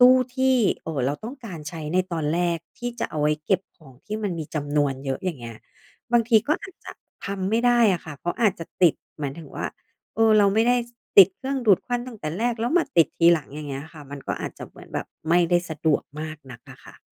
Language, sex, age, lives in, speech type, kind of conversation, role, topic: Thai, female, 40-44, Thailand, conversation, podcast, guest, เล่าเรื่องการจัดครัวให้ใช้พื้นที่ได้คุ้มหน่อยสิ?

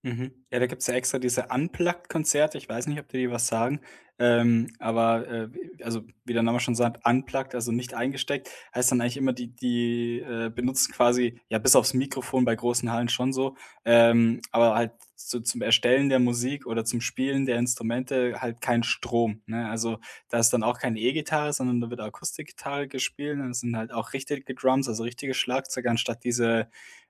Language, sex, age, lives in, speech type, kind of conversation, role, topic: German, male, 25-29, Germany, conversation, podcast, host, Was macht für dich ein gutes Konzert aus?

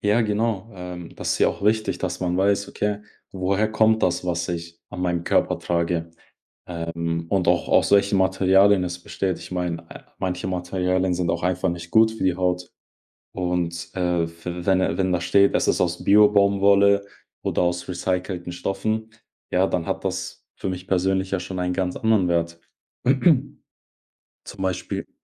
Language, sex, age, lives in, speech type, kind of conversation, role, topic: German, male, 20-24, Germany, conversation, podcast, guest, Was hat dich das Reisen über Nachhaltigkeit gelehrt?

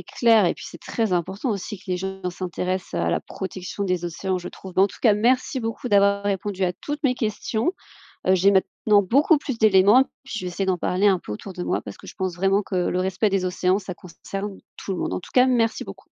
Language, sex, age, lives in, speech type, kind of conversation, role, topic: French, female, 30-34, France, read, expert-assistant, asker, L’exploration des fonds marins vaut-elle le coût et les risques associés ? Quels bénéfices concrets en tirons-nous ?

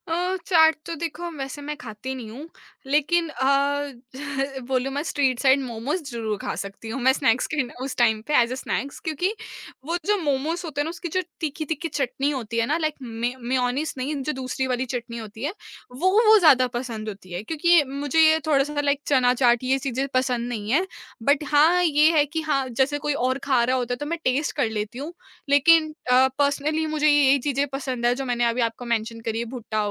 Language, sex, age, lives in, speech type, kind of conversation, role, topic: Hindi, female, 20-24, India, conversation, podcast, guest, Monsoon snacks se tumhe kaunse nostalgic memories aate hain?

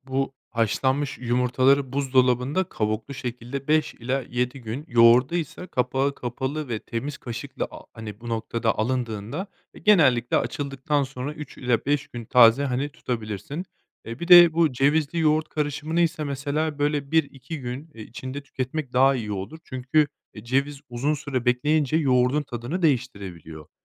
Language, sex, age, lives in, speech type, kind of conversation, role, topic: Turkish, male, 30-34, Spain, read, expert-assistant, expert, Sabah kahvaltısını atlamak mı yoksa düzenli yapmak mı vücudumuz için daha iyi? Hangisinin etkileri daha olumlu?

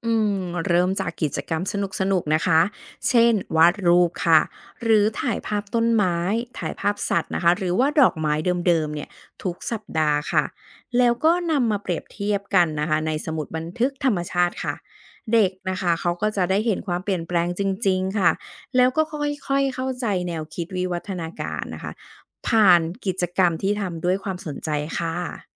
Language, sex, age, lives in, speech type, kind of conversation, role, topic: Thai, female, 40-44, Thailand, read, expert-assistant, expert, มีวิธีไหนบ้างที่ช่วยให้เราเข้าใจเรื่องวิวัฒนาการของสิ่งมีชีวิตได้ง่ายขึ้น?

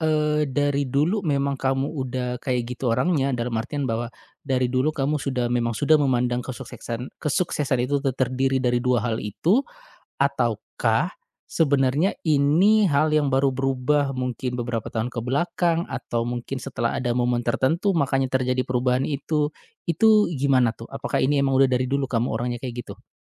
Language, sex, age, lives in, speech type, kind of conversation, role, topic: Indonesian, male, 35-39, Indonesia, conversation, podcast, host, Pernah nggak kamu mengubah definisi sukses dalam kariermu?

